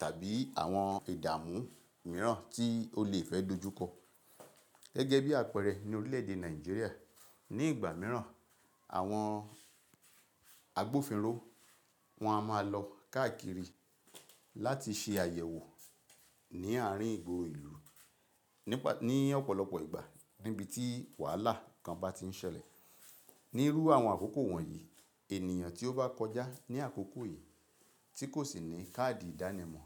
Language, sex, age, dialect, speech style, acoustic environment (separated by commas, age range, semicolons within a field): Yoruba, male, 45-54, Nigeria - Ibadan, relaxed, appliances; silence